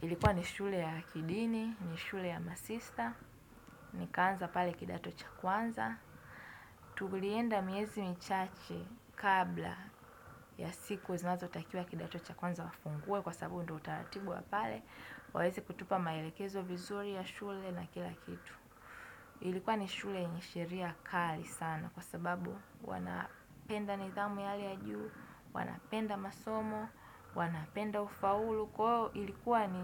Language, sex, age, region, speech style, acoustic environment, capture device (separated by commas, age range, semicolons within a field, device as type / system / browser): Swahili, female, 18-24, Tanzania, happy, nature, desktop / Windows / Edge